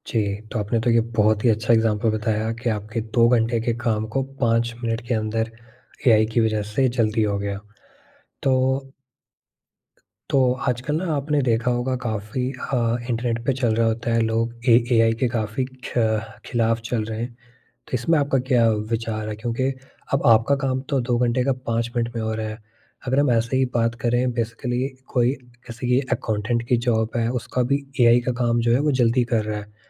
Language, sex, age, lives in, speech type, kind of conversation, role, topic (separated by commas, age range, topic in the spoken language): Hindi, male, 18-19, India, conversation, podcast, host, Apne phone ka sabse kaam ka feature kaunsa hai, aur kyun?